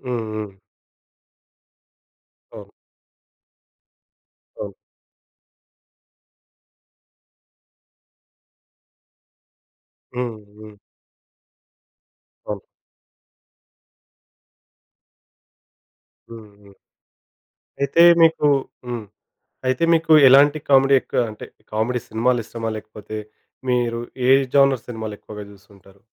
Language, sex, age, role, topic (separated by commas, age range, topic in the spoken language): Telugu, male, 25-29, host, సినీ కథల్లో లింగ పాత్రల ప్రదర్శనపై నీ అభిప్రాయం ఏమిటి?